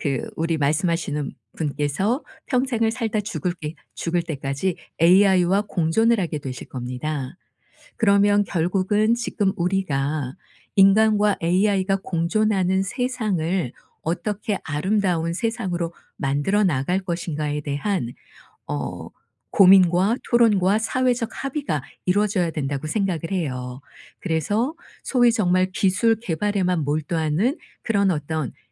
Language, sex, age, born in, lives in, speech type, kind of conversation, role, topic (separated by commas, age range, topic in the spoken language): Korean, female, 55-59, South Korea, South Korea, conversation, advice, advisor, 미래에 일어날 일을 과도하게 걱정하는 마음을 어떻게 조절할 수 있을까요?